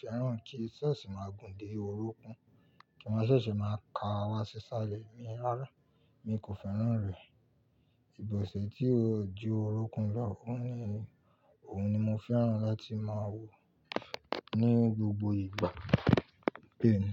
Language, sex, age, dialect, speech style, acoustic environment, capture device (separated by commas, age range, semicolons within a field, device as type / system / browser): Yoruba, male, 25-34, Nigeria - Ibadan, relaxed, people talking, mobile / Linux / Chrome